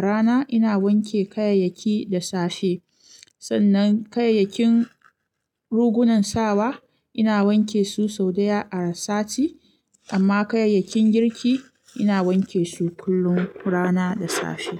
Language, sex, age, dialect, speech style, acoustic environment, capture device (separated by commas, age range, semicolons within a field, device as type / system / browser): Hausa, female, 25-34, Nigeria - Kano, anxious; focused, silence; nature, mobile / Linux / Chrome